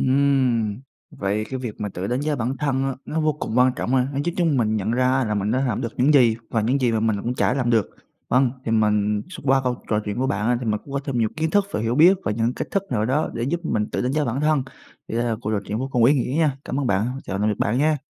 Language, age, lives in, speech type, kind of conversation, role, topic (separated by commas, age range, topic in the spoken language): Vietnamese, 20-24, Vietnam, read, expert-assistant, asker, Các bước tự đánh giá bản thân sau mỗi kỳ học